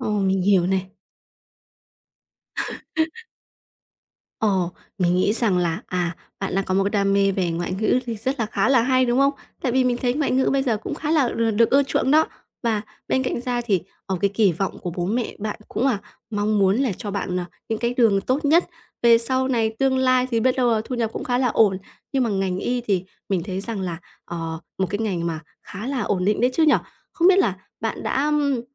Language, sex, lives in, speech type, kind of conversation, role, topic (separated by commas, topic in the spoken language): Vietnamese, female, Vietnam, conversation, advice, advisor, Làm thế nào để học theo đam mê của mình thay vì chạy theo kỳ vọng của người khác?